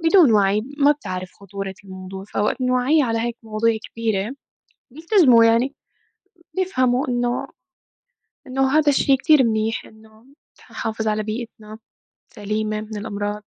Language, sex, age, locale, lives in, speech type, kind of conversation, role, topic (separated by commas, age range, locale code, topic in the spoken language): Arabic, female, 25-29, ar-SY, United States, conversation, unstructured, none, إيه تأثير التلوث على البيئة من وجهة نظرك؟